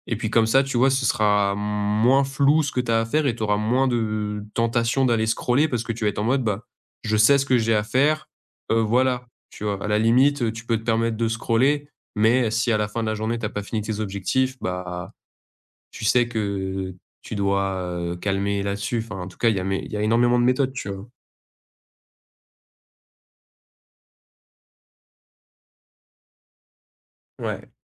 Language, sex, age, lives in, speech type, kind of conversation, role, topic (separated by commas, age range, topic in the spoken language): French, male, 20-24, France, conversation, advice, advisor, Comment les distractions numériques ruinent-elles votre productivité ?